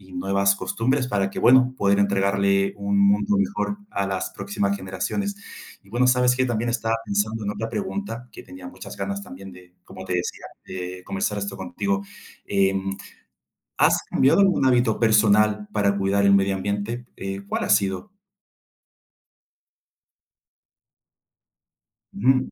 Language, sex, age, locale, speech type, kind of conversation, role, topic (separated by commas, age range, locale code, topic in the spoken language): Spanish, male, 30-34, es-CL, conversation, unstructured, none, ¿Cuál es tu opinión sobre el cambio climático?